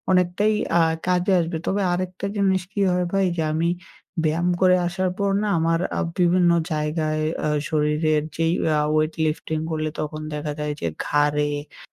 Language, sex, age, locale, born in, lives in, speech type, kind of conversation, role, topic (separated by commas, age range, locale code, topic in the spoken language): Bengali, male, 18-19, bn-BD, Bangladesh, Bangladesh, conversation, advice, user, ব্যায়াম বা কড়া পরিশ্রমের পরে আপনার শরীর কি ঠিকমতো পুনরুদ্ধার হচ্ছে না?